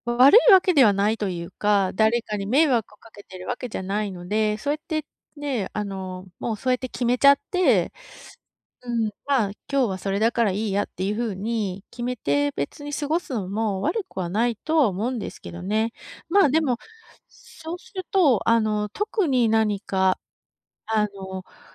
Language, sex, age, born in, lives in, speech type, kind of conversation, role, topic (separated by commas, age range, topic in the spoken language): Japanese, female, 45-49, Japan, United States, conversation, advice, advisor, 自己ケアを後回しにしてしまっているのですが、どうやって始めればいいですか？